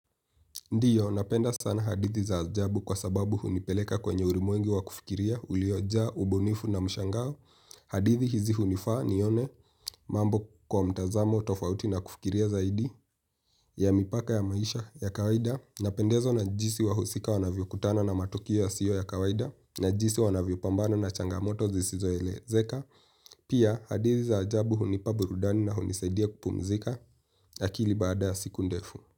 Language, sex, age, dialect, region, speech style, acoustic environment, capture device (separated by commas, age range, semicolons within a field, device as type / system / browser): Swahili, male, 18-24, Kenya - Nairobi Sheng-influenced, Kenya, happy; relaxed; focused, nature; silence, mobile / Linux / Chrome